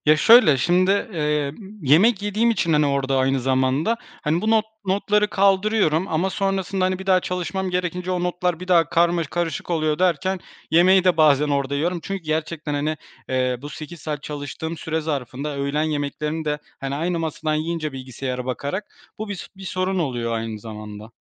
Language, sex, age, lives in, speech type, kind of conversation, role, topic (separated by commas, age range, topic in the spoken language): Turkish, male, 25-29, Poland, conversation, advice, user, Çalışma alanımdaki düzensizlik ve dağınıklık odaklanmamı engelliyorsa bunu nasıl düzeltebilirim?